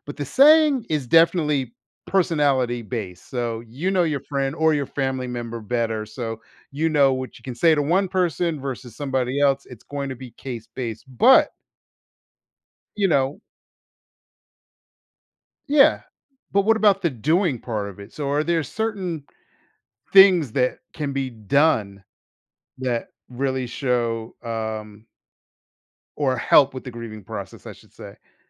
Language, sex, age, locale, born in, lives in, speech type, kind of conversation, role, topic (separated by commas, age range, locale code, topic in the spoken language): English, male, 50-54, en-US, United States, United States, conversation, podcast, host, What are meaningful ways to support a friend who is grieving?